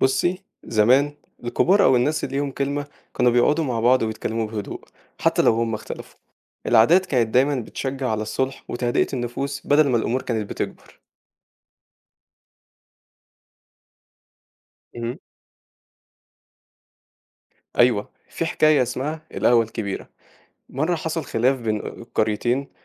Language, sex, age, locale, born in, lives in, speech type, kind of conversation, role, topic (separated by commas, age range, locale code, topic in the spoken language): Arabic, male, 18-19, ar-EG, Egypt, Egypt, read, expert-assistant, expert, إزاي الناس زمان حلوا المشاكل اللي كانت بينهم بسبب الدين، وناخد منهم أفكار تفيدنا دلوقتي؟